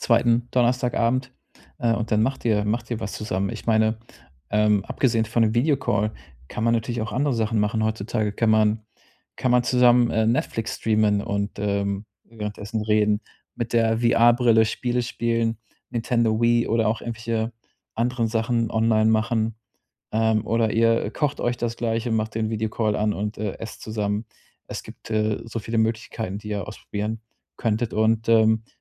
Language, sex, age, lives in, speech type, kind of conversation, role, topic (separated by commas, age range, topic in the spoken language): German, male, 35-39, Germany, conversation, advice, advisor, Wie erkenne ich, wann eine Freundschaft sich auseinanderlebt?